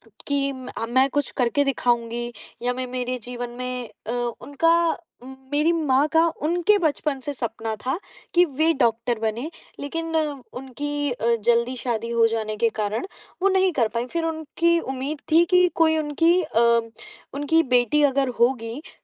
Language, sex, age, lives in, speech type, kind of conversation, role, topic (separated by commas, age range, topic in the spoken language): Hindi, female, 20-24, India, conversation, advice, user, मेरे परिवार की अपेक्षाएँ मुझ पर इतना दबाव क्यों डालती हैं?